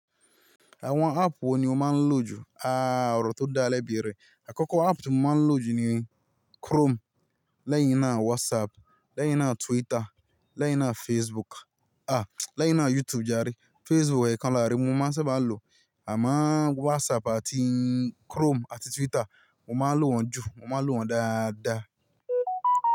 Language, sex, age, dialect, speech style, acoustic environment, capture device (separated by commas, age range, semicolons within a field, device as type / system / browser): Yoruba, male, 25-34, Nigeria - Ibadan, happy, nature, mobile / Linux / Chrome